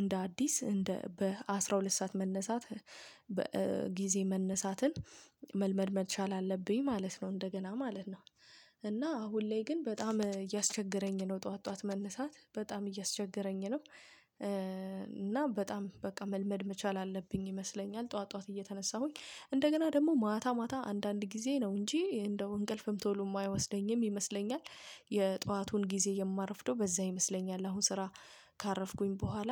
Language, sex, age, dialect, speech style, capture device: Amharic, female, 25-34, Ethiopia - Addis Ababa, stressed, mobile / Linux / Chrome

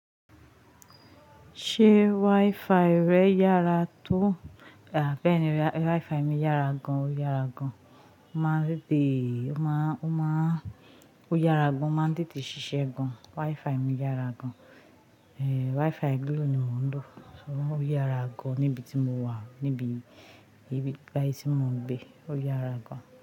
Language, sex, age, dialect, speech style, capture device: Yoruba, female, 25-34, Nigeria - Ijebu, happy, mobile / Linux / Chrome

